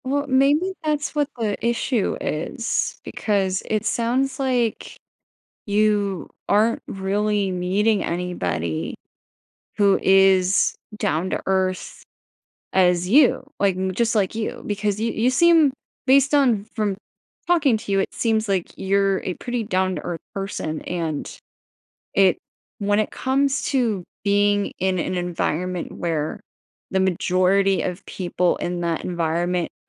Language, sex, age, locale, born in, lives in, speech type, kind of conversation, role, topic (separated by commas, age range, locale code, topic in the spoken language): English, female, 25-29, en-US, United States, United States, conversation, advice, advisor, How can I stop feeling lonely?